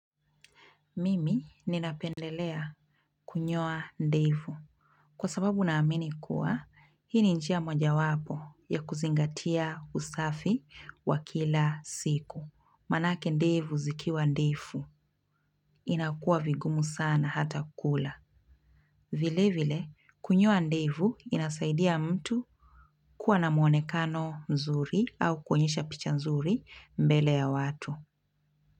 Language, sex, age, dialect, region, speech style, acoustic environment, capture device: Swahili, female, 25-34, Kenya - Mombasa, Kenya, relaxed, music, mobile / Linux / Chrome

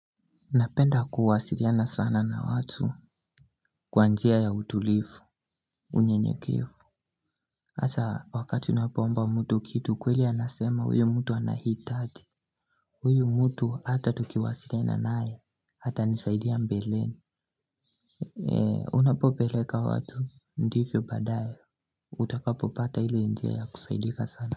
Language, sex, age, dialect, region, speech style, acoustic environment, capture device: Swahili, male, 18-24, Kenya - Nairobi Sheng-influenced, Kenya, focused, silence, mobile / Linux / Chrome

